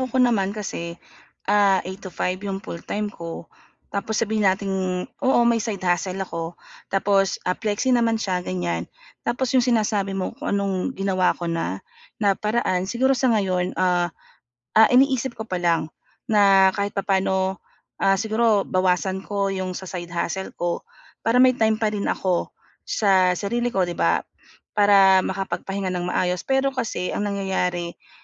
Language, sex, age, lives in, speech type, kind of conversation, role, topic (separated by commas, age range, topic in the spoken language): Filipino, female, 40-44, Philippines, conversation, advice, user, Paano ako makakapasok sa malalim na konsentrasyon nang hindi gaanong napapagod sa pag-iisip?